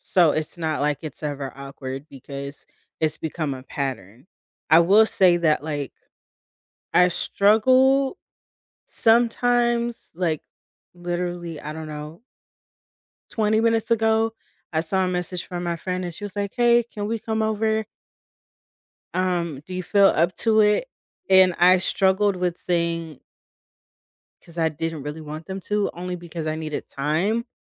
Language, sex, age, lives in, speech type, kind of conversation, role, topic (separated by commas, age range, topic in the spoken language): English, female, 30-34, United States, conversation, unstructured, none, How do you set small boundaries without making things feel awkward?